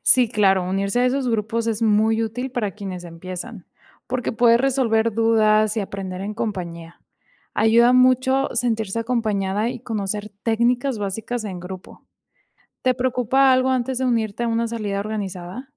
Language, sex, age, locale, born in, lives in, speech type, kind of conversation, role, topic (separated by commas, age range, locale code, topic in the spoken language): Spanish, female, 25-29, es-MX, Mexico, Mexico, read, expert-assistant, expert, ¿Cuáles son las fuentes más confiables para informarse sobre senderismo y rutas al aire libre en Latinoamérica?